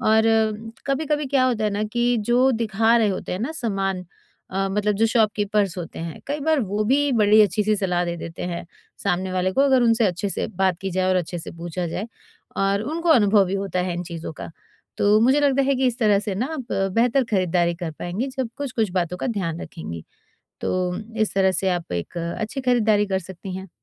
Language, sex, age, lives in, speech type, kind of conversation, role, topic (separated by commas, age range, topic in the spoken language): Hindi, female, 40-44, India, conversation, advice, advisor, खरीदारी के इतने सारे विकल्पों में मैं अभिभूत महसूस कर रहा/रही हूँ—मैं कहाँ से शुरुआत करूँ?